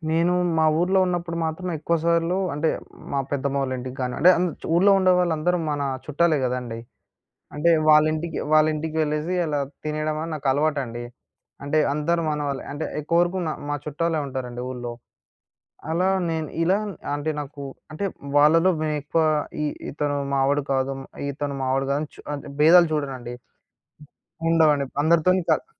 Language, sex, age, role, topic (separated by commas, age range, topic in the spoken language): Telugu, male, 20-24, guest, పట్టణాల్లో ఇప్పటికీ పక్కింటివాళ్లతో వంటలు పంచుకునే అలవాటు ఉందా?